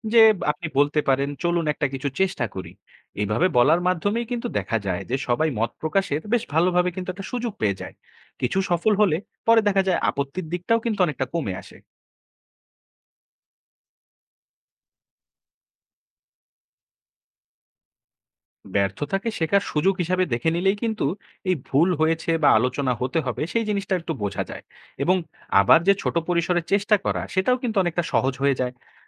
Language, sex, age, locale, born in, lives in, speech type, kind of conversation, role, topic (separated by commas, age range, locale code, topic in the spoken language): Bengali, male, 20-24, bn-BD, Bangladesh, Bangladesh, read, expert-assistant, expert, ছোট একটি দল কীভাবে বড় পরিবর্তন আনার শক্তি অর্জন করে?